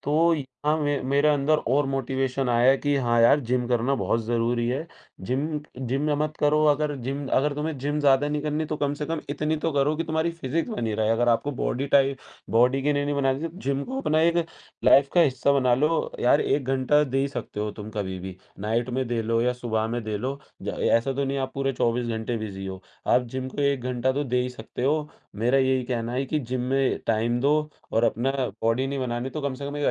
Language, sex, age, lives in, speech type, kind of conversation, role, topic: Hindi, male, 18-19, India, conversation, podcast, guest, Kaun si hobby hai jisme tum aasani se flow mein chale jaate ho?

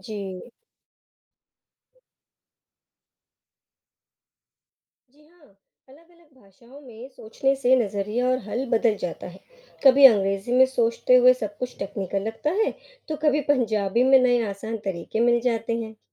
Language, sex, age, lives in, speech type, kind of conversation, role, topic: Hindi, female, 35-39, India, read, expert-assistant, asker, क्या अलग-अलग भाषाओं के बोलने से मेरे व्यक्तित्व और जीवन के फैसलों पर असर पड़ता है? यदि हाँ, तो कैसे संतुलन बनाऊँ?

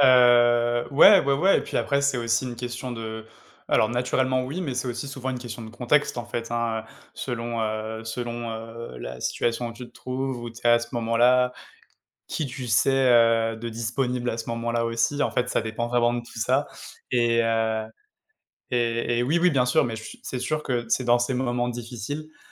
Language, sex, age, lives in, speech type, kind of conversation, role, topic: French, male, 30-34, France, conversation, unstructured, none, Qu’est-ce qui rend une amitié vraiment forte selon toi ?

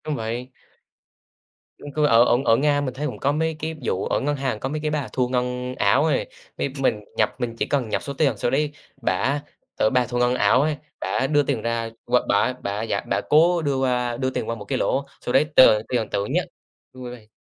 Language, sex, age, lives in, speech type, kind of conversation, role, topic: Vietnamese, male, 18-19, Vietnam, conversation, unstructured, none, Bạn cảm thấy công nghệ giúp bạn kết nối với bạn bè như thế nào?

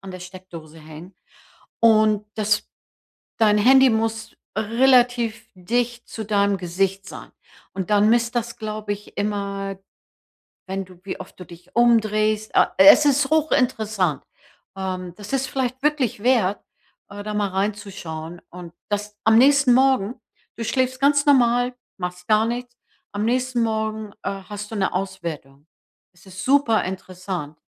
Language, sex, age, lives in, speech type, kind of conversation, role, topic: German, female, 65-69, United States, conversation, advice, advisor, Wie kann ich morgens leichter und energiegeladener aufwachen?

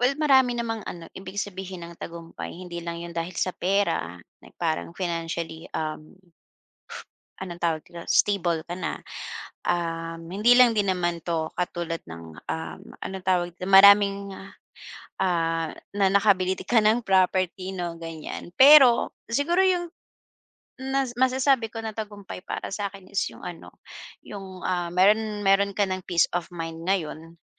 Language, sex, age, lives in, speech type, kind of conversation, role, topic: Filipino, female, 40-44, Philippines, conversation, podcast, guest, Paano mo tinutukoy ang tagumpay sa buhay mo?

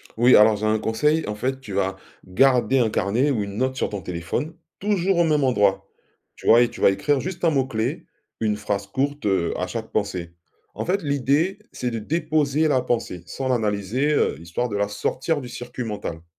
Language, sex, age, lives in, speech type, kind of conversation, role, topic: French, male, 45-49, France, read, expert-assistant, expert, Comment trouver un bon équilibre entre prendre soin des patients et préserver son énergie au travail ?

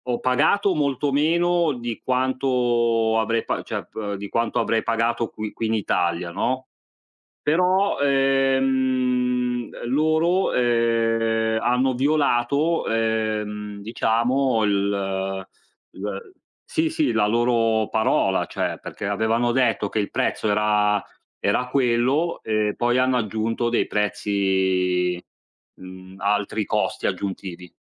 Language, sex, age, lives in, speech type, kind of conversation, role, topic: Italian, male, 40-44, Italy, conversation, unstructured, none, Ti sei mai sentito truffato durante una vacanza?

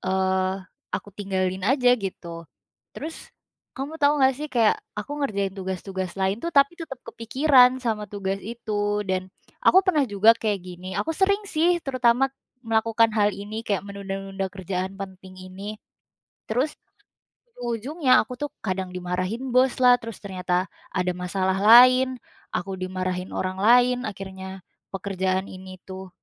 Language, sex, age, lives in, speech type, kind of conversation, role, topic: Indonesian, female, 20-24, Indonesia, conversation, advice, user, Bagaimana cara mengatasi kebiasaan menunda tugas penting dan sulit fokus?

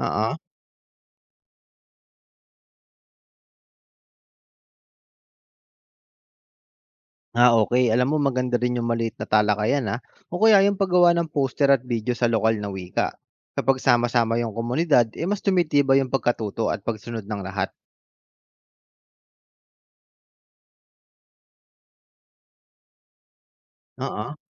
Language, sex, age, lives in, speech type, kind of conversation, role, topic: Filipino, male, 30-34, Philippines, read, expert-assistant, asker, Paano mo malalaman kung totoo at kumpleto ang impormasyong nababasa mo tungkol sa food safety?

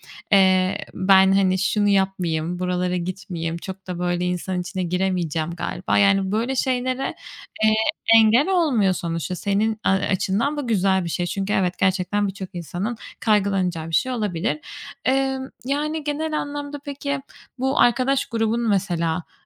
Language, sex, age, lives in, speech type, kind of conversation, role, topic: Turkish, female, 25-29, Spain, conversation, advice, advisor, Yeni bir şehre taşındım, aidiyet hissedemiyorum ve çok yalnızım; ne yapabilirim?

